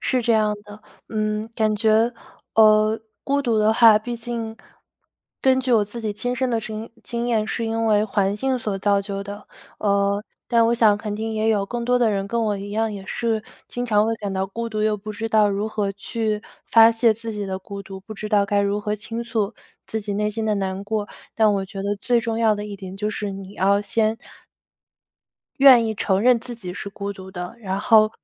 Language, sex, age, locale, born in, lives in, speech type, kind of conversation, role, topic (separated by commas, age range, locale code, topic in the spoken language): Chinese, female, 25-29, zh-CN, China, United States, conversation, podcast, guest, 你觉得人为什么会感到孤独？